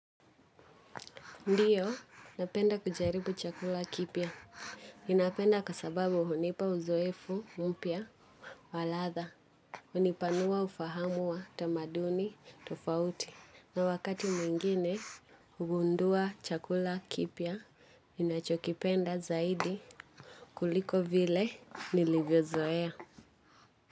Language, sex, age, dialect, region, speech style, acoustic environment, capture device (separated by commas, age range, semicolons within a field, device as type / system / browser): Swahili, female, 18-24, Kenya - Nairobi Sheng-influenced, Kenya, anxious; excited; nervous, people talking; nature, mobile / Linux / Chrome